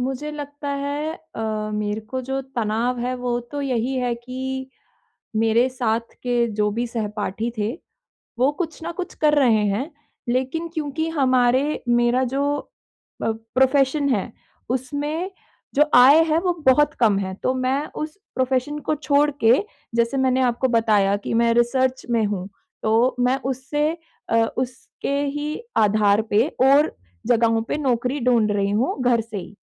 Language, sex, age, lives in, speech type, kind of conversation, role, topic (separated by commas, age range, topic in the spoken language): Hindi, female, 25-29, India, conversation, advice, user, विराम के बाद मैं अपनी नियमितता फिर से कैसे पा सकता/सकती हूँ?